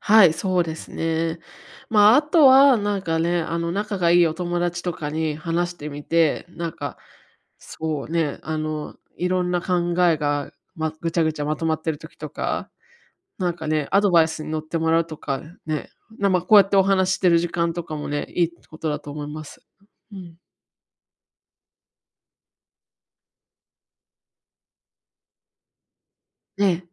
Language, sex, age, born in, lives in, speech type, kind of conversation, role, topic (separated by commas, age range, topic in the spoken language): Japanese, female, 35-39, Japan, United States, conversation, advice, advisor, 頭の中の考えを整理してうまく対処するには、どうすればよいですか？